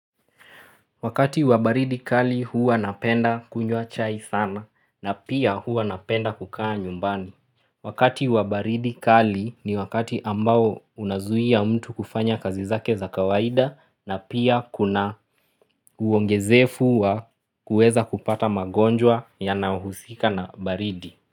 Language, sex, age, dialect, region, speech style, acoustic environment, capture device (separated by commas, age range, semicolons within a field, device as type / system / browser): Swahili, male, 25-34, Kenya - Nairobi Sheng-influenced, Kenya, relaxed, silence, mobile / Linux / Chrome